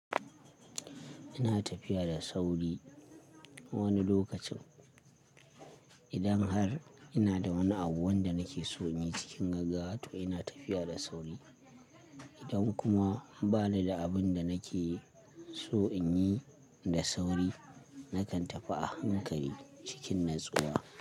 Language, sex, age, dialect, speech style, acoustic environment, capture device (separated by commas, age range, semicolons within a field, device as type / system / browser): Hausa, male, 25-34, Nigeria - Kano, happy, silence, mobile / Linux / Chrome